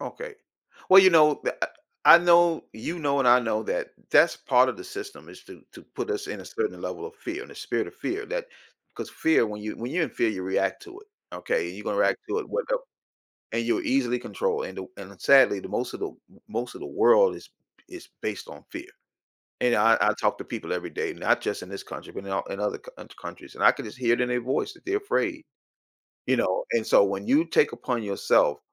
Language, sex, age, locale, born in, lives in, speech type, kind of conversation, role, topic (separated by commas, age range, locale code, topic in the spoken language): English, male, 55-59, en-US, United States, United States, conversation, podcast, host, How do you think your choices today will shape your future?